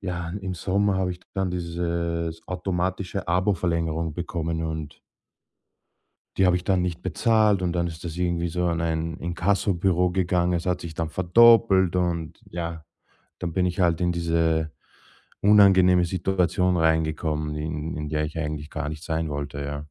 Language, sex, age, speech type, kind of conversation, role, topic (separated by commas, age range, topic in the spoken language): German, male, 35-39, conversation, advice, user, Wie kann ich meine unübersichtlichen Abonnements in den Griff bekommen, damit sie nicht weiter mein Geld auffressen?